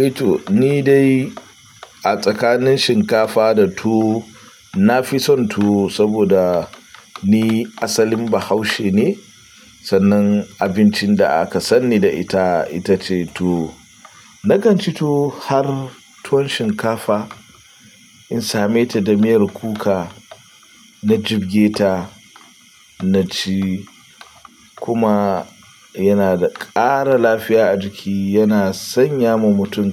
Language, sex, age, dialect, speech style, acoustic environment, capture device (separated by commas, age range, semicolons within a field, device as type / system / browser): Hausa, male, 25-34, Nigeria - Pidgin-influenced, happy, silence, mobile / Linux / Chrome